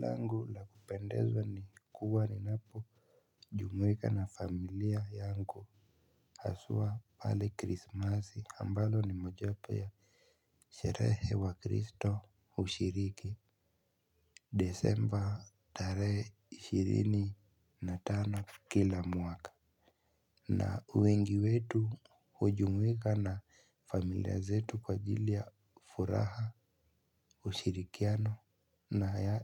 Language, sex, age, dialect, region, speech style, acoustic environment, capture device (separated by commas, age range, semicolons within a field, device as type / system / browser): Swahili, male, 18-24, Kenya - Nairobi Sheng-influenced, Kenya, nervous; excited, silence, mobile / Linux / Chrome